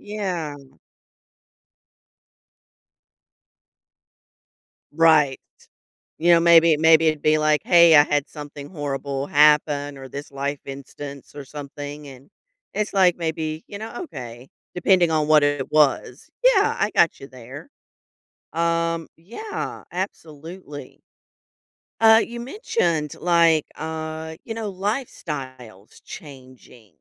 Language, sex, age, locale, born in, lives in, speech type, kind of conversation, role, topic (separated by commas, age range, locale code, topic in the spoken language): English, female, 45-49, en-US, United States, United States, conversation, podcast, host, What qualities help friendships last through life's changes?